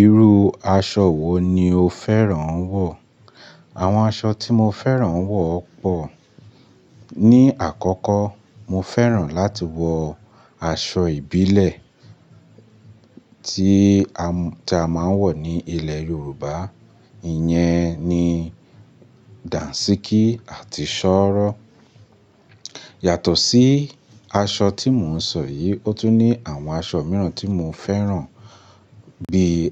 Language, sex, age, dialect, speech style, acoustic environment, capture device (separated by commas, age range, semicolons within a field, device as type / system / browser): Yoruba, male, 25-34, Nigeria - Lagos Yoruba, focused; relaxed, nature; music, mobile / Linux / Chrome